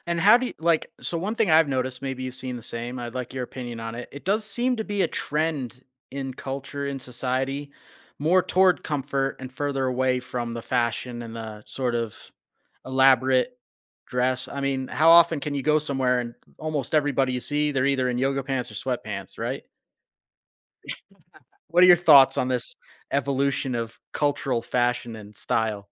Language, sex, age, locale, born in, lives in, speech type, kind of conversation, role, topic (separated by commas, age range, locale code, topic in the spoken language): English, male, 35-39, en-US, United States, United States, conversation, podcast, host, In what ways do your personal experiences and surroundings shape how your style evolves over time?